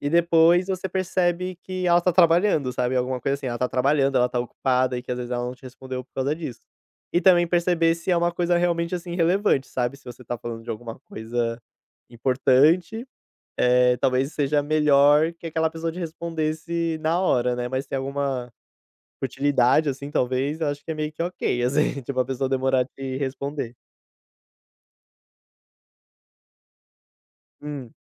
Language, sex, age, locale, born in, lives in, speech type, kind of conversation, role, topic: Portuguese, male, 25-29, pt-BR, Brazil, Portugal, conversation, podcast, guest, Como evitar mal-entendidos em mensagens de texto?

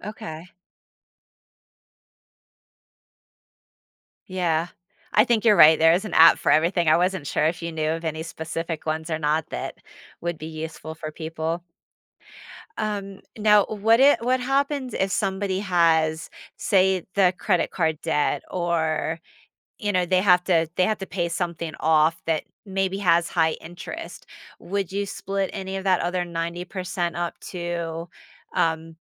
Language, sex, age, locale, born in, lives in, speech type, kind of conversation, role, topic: English, female, 45-49, en-US, United States, United States, conversation, podcast, host, What are some practical ways to build a strong saving habit from the beginning?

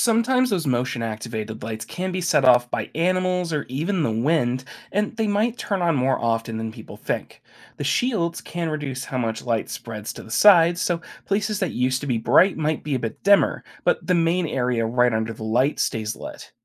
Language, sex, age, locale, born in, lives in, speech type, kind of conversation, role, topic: English, male, 25-29, en-US, United States, United States, read, expert-assistant, expert, How does light pollution affect stargazing and wildlife?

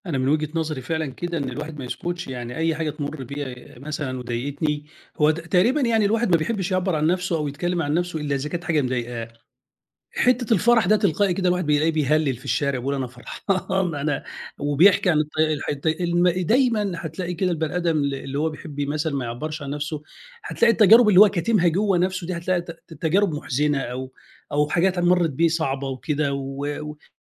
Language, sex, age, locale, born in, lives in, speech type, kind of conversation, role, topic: Arabic, male, 50-54, ar-EG, Egypt, Egypt, conversation, podcast, guest, إيه نصيحتك لحد بيتردد يعبّر عن نفسه؟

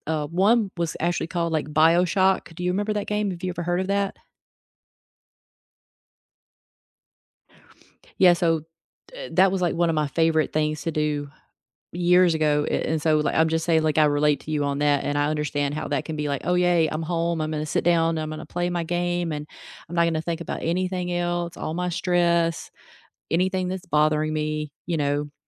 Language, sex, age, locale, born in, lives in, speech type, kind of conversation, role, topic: English, female, 35-39, en-US, United States, United States, conversation, unstructured, none, How do you recharge after a stressful day?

